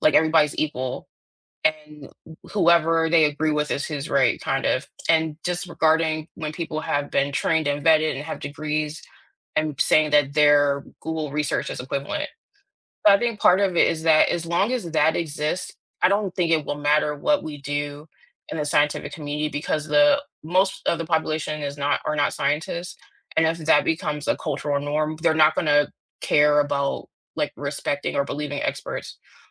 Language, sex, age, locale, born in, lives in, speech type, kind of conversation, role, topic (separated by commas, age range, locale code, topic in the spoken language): English, female, 35-39, en-US, United States, United States, conversation, unstructured, none, Do you think science is sometimes used to justify unfair actions?